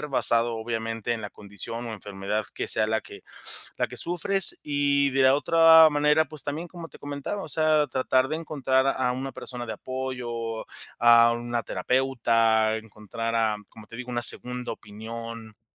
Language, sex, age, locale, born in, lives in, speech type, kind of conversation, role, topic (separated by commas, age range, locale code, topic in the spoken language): Spanish, male, 30-34, es-MX, Mexico, Mexico, conversation, advice, advisor, ¿Cómo estás llevando la espera de resultados importantes, ya sean médicos, legales o laborales?